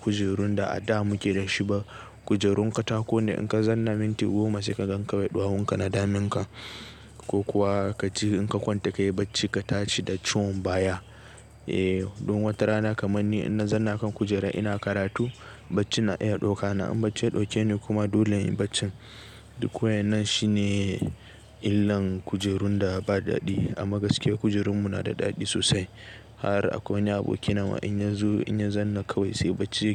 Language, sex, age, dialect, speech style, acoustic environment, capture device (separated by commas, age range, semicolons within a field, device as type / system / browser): Hausa, male, 18-24, Nigeria - Nigerian Standard, relaxed, people talking; nature; appliances, desktop / Linux / Chrome